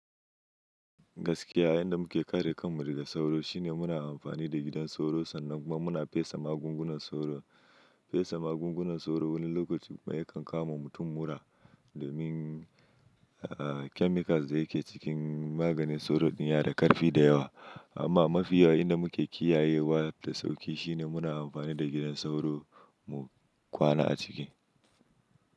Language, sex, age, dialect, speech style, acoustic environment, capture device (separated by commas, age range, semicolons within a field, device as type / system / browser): Hausa, male, 25-34, Nigeria - Kano, happy, silence; nature, mobile / Linux / Chrome